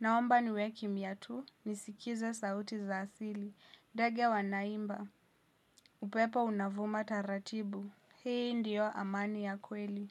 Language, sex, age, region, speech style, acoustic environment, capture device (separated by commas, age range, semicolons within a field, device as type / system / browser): Swahili, female, 18-24, Kenya, happy; relaxed, people talking; silence; nature, mobile / Linux / Chrome